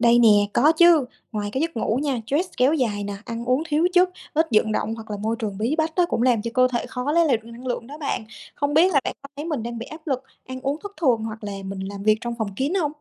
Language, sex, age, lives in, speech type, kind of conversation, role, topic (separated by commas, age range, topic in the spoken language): Vietnamese, female, 25-29, Vietnam, read, expert-assistant, expert, Làm thế nào để nhận biết cơ thể đang thực sự cần nghỉ ngơi và không phải chỉ là cảm giác lười biếng?